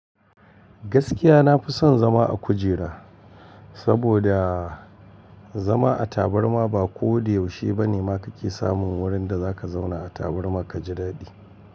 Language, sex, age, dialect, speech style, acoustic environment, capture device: Hausa, male, 45-54, Nigeria - Kano, focused, appliances; people talking, mobile / Linux / Chrome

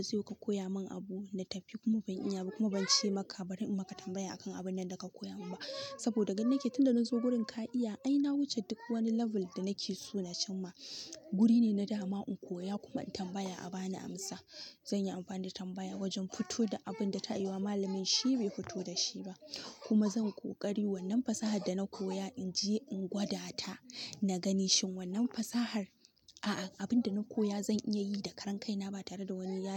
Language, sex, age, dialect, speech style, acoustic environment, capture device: Hausa, female, 18-24, Nigeria - Kano, happy, nature, mobile / Linux / Chrome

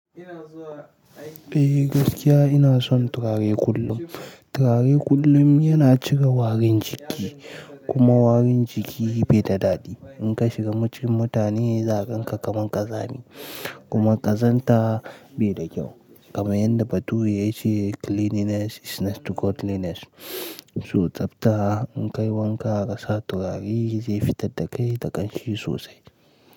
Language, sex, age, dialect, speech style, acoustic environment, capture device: Hausa, male, 18-24, Nigeria - Nigerian Standard, relaxed, people talking, mobile / Linux / Chrome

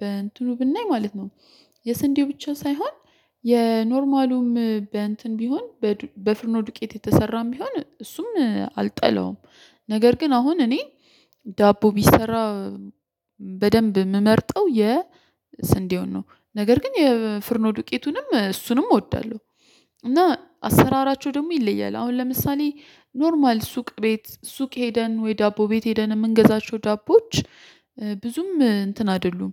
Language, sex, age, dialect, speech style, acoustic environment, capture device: Amharic, female, 25-34, Ethiopia - Addis Ababa, focused, silence, mobile / Linux / Chrome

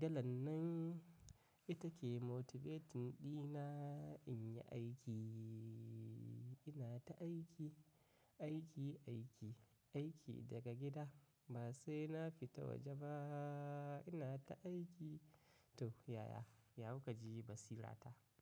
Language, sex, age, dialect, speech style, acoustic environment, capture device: Hausa, male, 25-34, Nigeria - Katsina, happy, nature, mobile / macOS / Safari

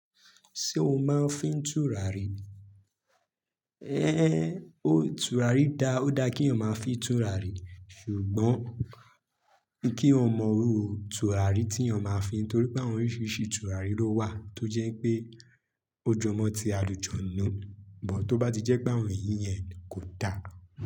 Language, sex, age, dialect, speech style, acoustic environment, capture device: Yoruba, male, 25-34, Nigeria - Lagos, happy, silence, mobile / Linux / Chrome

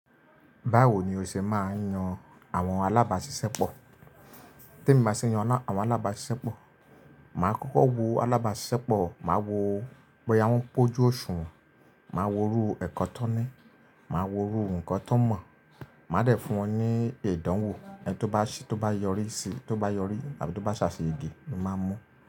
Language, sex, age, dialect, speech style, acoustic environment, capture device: Yoruba, male, 25-34, Nigeria - Lagos Yoruba, relaxed, appliances, mobile / Linux / Chrome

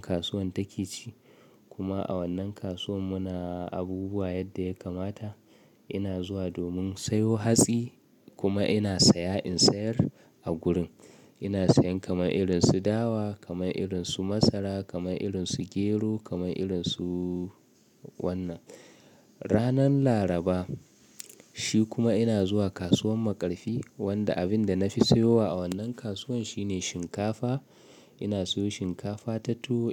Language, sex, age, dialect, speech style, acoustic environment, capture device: Hausa, male, 25-34, Nigeria - Kano, relaxed; nervous, appliances, mobile / Linux / Chrome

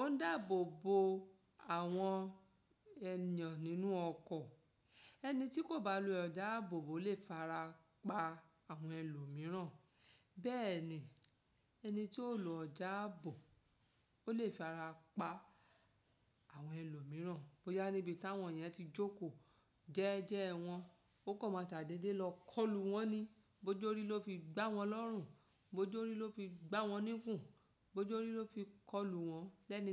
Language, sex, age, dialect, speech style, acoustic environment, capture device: Yoruba, female, 35-44, Nigeria - Lagos Yoruba, relaxed, silence, mobile / Linux / Chrome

